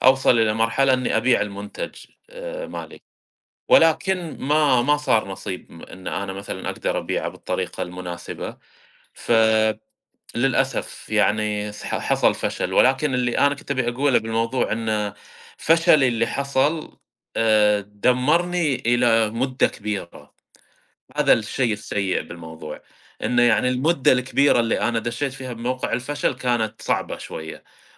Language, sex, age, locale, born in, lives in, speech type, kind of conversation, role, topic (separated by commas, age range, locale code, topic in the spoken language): Arabic, male, 30-34, ar-SA, Saudi Arabia, United States, conversation, unstructured, none, إزاي تفضل محافظ على حماسك عشان تحقق أهدافك؟